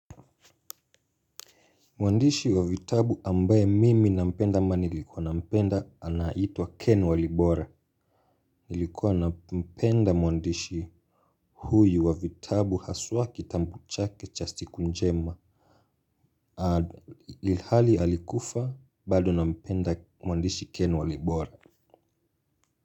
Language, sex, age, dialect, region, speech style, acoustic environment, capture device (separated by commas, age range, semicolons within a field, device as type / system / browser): Swahili, male, 25-34, Kenya - Mombasa, Kenya, focused, silence, mobile / Linux / Chrome